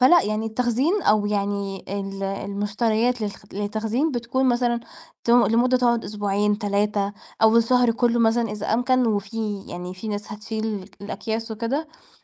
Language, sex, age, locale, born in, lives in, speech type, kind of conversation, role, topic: Arabic, female, 20-24, ar-EG, Egypt, Portugal, conversation, podcast, guest, ازاي نخزّن حاجاتنا في البيت بطريقة فعّالة وبتنفع بجد؟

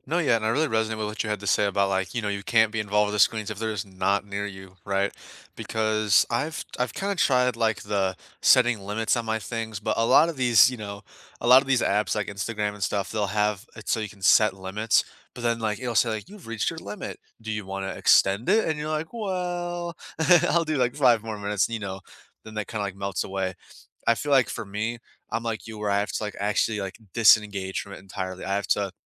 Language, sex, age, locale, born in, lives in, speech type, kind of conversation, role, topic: English, male, 20-24, en-US, United States, United States, conversation, unstructured, none, What hobby have you rediscovered recently?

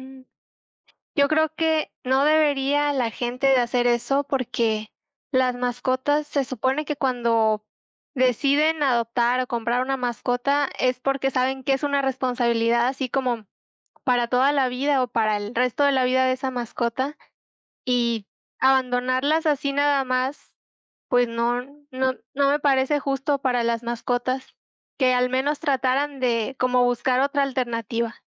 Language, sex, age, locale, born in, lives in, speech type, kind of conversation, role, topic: Spanish, female, 25-29, es-MX, Mexico, Mexico, conversation, unstructured, none, ¿Qué opinas sobre abandonar a las mascotas cuando se vuelven un problema?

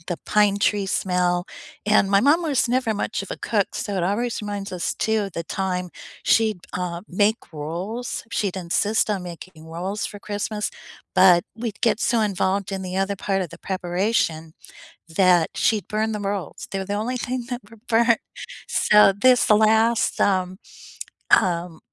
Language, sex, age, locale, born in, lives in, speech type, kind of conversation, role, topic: English, female, 70-74, en-US, United States, United States, conversation, unstructured, none, Which childhood memory still makes you smile today, and what makes it so special to you?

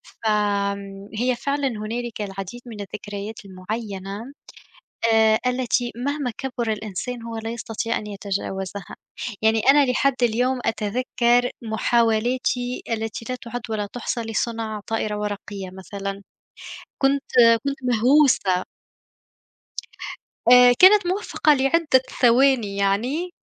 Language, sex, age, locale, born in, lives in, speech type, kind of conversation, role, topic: Arabic, female, 25-29, ar-TN, Tunisia, Germany, conversation, unstructured, none, هل في حاجة من أيام الطفولة لسه بتوحشك؟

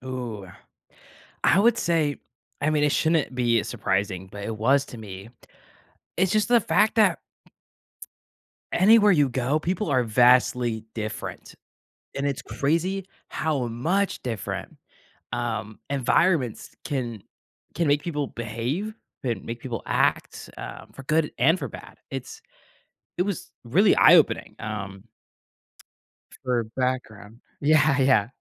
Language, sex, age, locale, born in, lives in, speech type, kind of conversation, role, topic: English, male, 18-19, en-US, United States, United States, conversation, podcast, guest, How have unexpected discoveries while traveling changed your perspective?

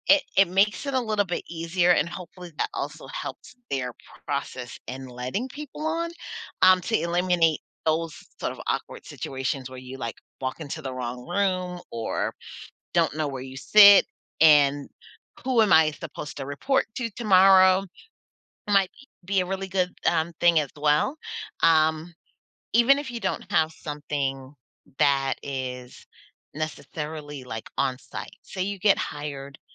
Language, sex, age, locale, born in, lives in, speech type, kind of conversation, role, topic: English, female, 40-44, en-US, United States, United States, conversation, advice, advisor, How can I handle awkwardness on day one at a new job?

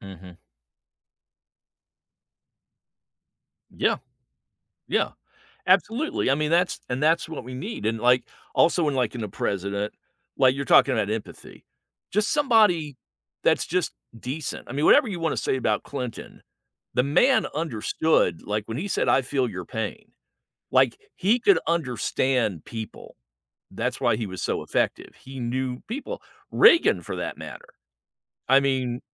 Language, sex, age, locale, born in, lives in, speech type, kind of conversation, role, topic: English, male, 60-64, en-US, United States, United States, conversation, unstructured, none, What qualities do you want in a president or prime minister?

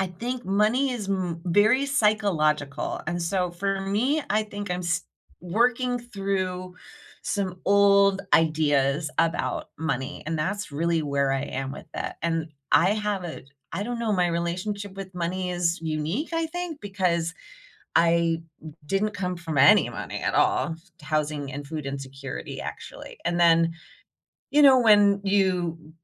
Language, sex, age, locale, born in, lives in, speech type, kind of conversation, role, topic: English, female, 45-49, en-US, United States, United States, conversation, unstructured, none, What is one money habit that makes you feel proud?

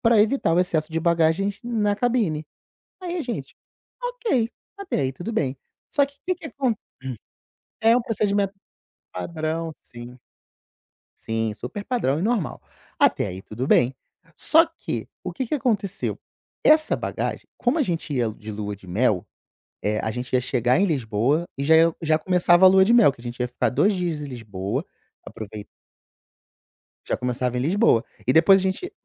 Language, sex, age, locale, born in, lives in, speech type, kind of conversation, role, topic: Portuguese, male, 35-39, pt-BR, Brazil, Portugal, conversation, podcast, guest, Já perdeu a mala em viagem? Como se virou?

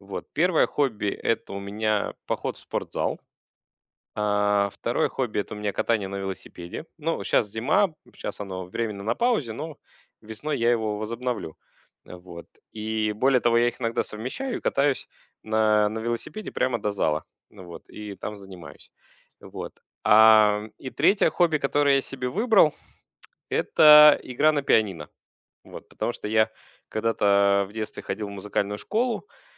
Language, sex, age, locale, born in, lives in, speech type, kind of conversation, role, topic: Russian, male, 35-39, ru-UA, Russia, Germany, conversation, advice, user, Как найти время для хобби и отдыха при плотном графике?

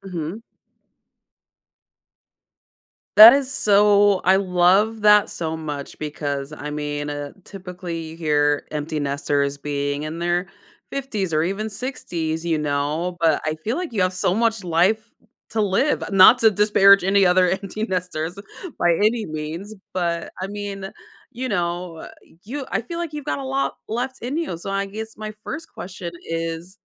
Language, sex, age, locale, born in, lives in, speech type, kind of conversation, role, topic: English, female, 35-39, en-US, United States, United States, conversation, advice, advisor, How can I prioritize after a major life change?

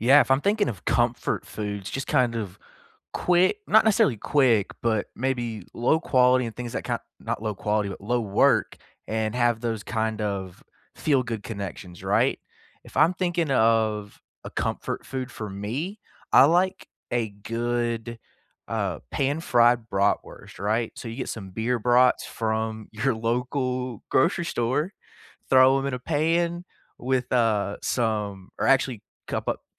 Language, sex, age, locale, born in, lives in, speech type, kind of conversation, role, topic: English, male, 25-29, en-US, United States, United States, conversation, unstructured, none, What are your go-to foods for comfort, celebration, and rainy days?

